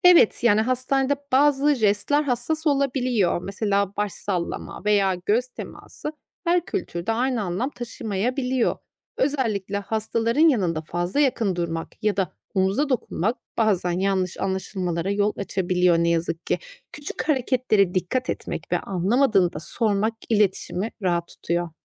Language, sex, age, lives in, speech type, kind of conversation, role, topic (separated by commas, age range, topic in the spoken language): Turkish, female, 35-39, Austria, read, expert-assistant, expert, Küreselleşme hayatımızda hangi yeni fırsatları ve zorlukları yaratıyor olabilir?